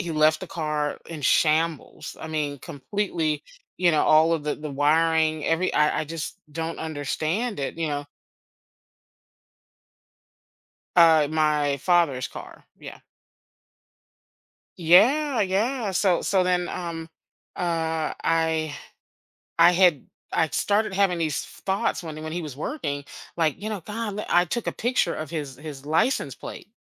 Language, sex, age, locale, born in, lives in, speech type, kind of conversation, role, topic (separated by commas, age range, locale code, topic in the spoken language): English, female, 50-54, en-US, United States, United States, conversation, advice, user, How can I set boundaries and communicate calmly with a family member who often gets angry?